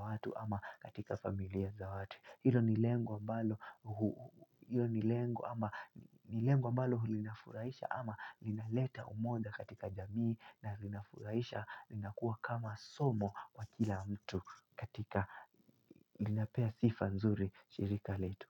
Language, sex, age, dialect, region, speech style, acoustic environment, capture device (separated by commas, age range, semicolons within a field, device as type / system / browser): Swahili, male, 18-24, Kenya - Nairobi Sheng-influenced, Kenya, relaxed; focused, music; nature; people talking, mobile / Linux / Chrome